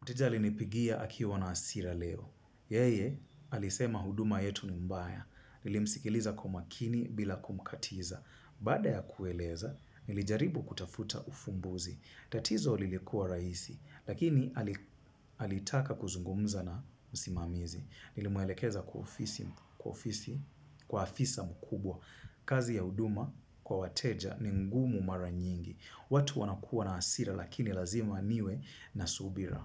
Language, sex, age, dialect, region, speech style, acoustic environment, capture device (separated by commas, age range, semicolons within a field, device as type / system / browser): Swahili, male, 25-34, Kenya - Mombasa, Kenya, focused; nervous; tired, silence, mobile / Linux / Chrome